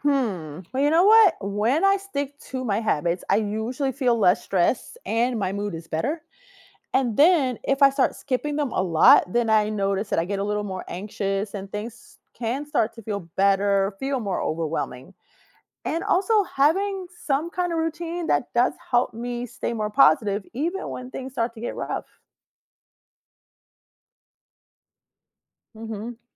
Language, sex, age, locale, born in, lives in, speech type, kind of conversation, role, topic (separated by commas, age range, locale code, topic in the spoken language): English, female, 45-49, en-US, United States, United States, read, expert-assistant, asker, How can people stay motivated during tough times?